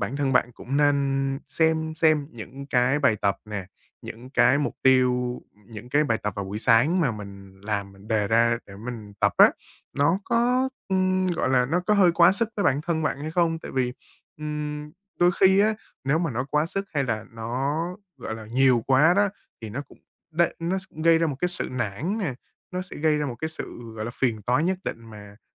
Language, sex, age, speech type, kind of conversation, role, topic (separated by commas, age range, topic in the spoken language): Vietnamese, male, 20-24, conversation, advice, advisor, Vì sao bạn thường bỏ dở thói quen tập thể dục sau vài tuần và làm thế nào để duy trì lâu dài?